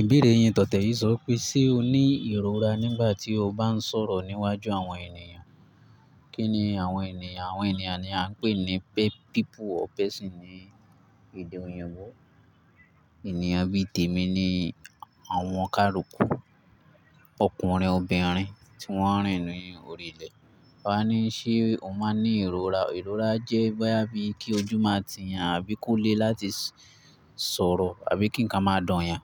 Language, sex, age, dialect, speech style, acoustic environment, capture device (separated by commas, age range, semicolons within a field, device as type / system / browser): Yoruba, male, 18-24, Nigeria - Ibadan, relaxed; excited; focused, nature, mobile / Linux / Chrome